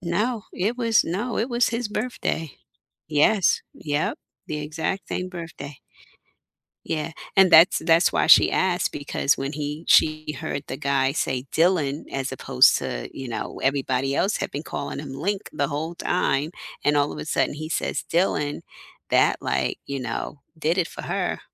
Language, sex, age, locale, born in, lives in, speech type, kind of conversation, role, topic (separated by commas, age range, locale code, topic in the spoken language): English, female, 50-54, en-US, United States, United States, conversation, unstructured, none, What plot twists have shocked you, without spoilers—just vibes?